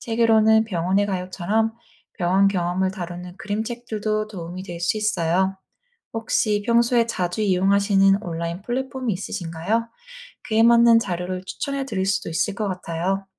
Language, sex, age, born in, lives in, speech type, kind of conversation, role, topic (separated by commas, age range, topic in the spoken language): Korean, female, 30-34, South Korea, United States, read, expert-assistant, expert, 아이들이 병원에서 긴장하지 않게 하려면 어떻게 해야 하나요?